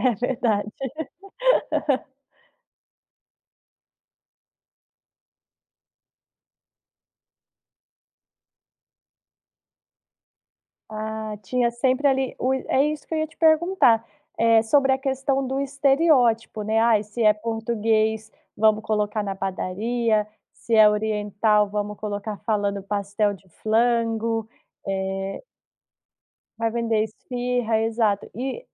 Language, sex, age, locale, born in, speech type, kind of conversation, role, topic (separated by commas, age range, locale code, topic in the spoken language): Portuguese, female, 30-34, pt-BR, Brazil, conversation, podcast, host, Como a representação nas séries impacta a nossa visão da sociedade?